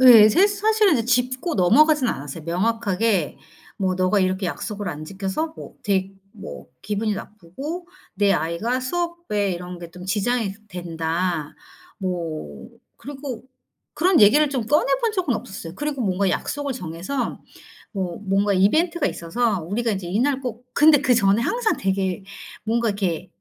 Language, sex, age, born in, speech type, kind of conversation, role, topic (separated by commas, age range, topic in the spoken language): Korean, female, 45-49, South Korea, conversation, advice, user, 친구가 제 개인 시간을 침범할 때 효과적으로 경계를 세우면서도 서로를 존중하는 방법은 무엇인가요?